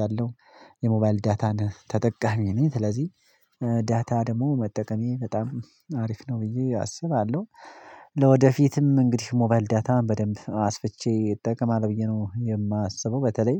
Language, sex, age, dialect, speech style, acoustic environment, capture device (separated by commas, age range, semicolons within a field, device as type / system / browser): Amharic, male, 25-34, Ethiopia - Addis Ababa, excited, silence, mobile / Linux / Chrome